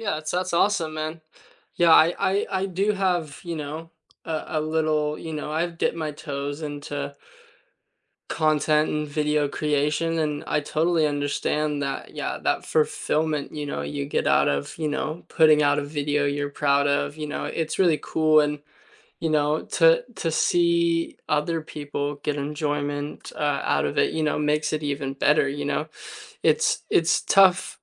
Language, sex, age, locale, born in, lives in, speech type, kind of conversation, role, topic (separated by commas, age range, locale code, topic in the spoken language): English, male, 20-24, en-US, United States, United States, conversation, unstructured, none, What hobby makes you feel happiest when you do it?